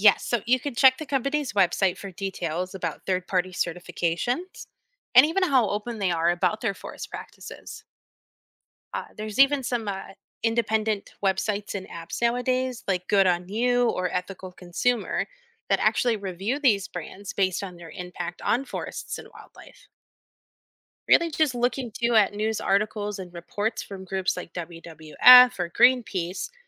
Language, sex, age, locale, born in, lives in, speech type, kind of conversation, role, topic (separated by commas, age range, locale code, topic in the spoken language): English, female, 30-34, en-US, United States, United States, read, expert-assistant, expert, What are the effects of deforestation on wildlife?